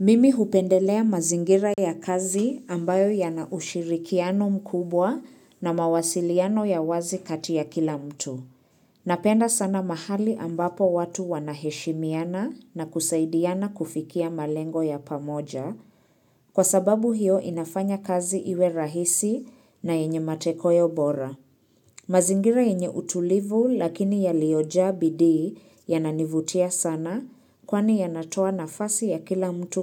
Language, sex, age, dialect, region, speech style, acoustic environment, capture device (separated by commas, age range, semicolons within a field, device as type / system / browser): Swahili, female, 25-34, Kenya - Nairobi Sheng-influenced, Kenya, relaxed, silence, mobile / Linux / Chrome